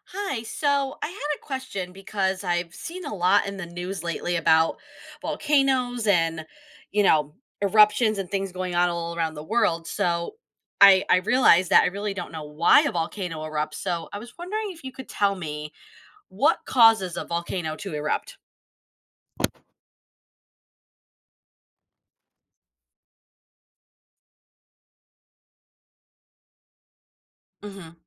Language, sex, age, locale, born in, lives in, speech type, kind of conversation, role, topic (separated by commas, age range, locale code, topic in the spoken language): English, female, 35-39, en-US, United States, United States, read, expert-assistant, asker, What makes a volcano erupt?